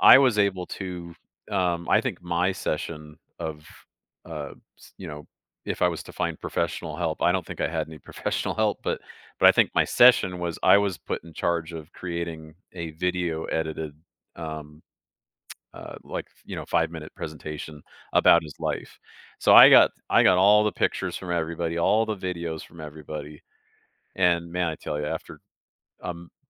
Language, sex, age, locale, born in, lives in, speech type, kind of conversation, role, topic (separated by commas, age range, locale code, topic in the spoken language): English, male, 45-49, en-US, Canada, United States, conversation, advice, user, How can I cope with losing someone close?